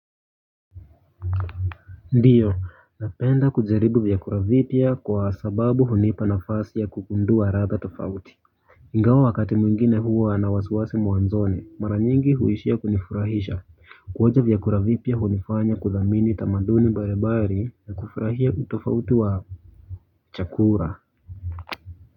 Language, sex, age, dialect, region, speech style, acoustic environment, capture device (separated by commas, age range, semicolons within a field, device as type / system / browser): Swahili, male, 25-34, Kenya - Nairobi Sheng-influenced, Kenya, relaxed; focused, silence, mobile / Linux / Chrome